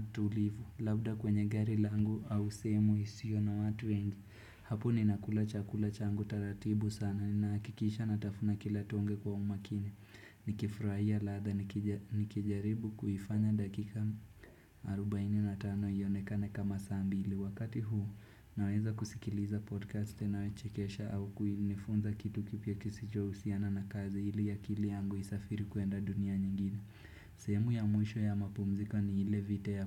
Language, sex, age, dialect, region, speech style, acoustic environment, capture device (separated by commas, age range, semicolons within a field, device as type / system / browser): Swahili, male, 18-24, Kenya - Nairobi Sheng-influenced, Kenya, happy; focused, nature; silence, desktop / Windows / Chrome